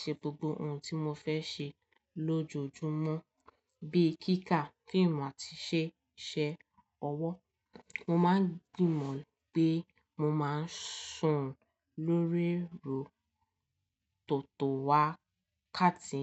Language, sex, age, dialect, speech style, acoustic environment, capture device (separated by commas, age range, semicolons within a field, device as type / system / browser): Yoruba, female, 18-24, Nigeria - Lagos Yoruba, relaxed, silence; nature, mobile / Linux / Chrome